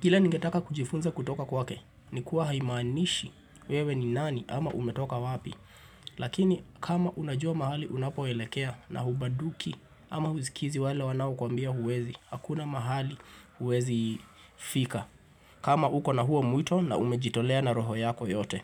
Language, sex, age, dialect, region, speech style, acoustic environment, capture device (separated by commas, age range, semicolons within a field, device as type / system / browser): Swahili, male, 25-34, Kenya - Nairobi Sheng-influenced, Kenya, tired, silence, mobile / Linux / Chrome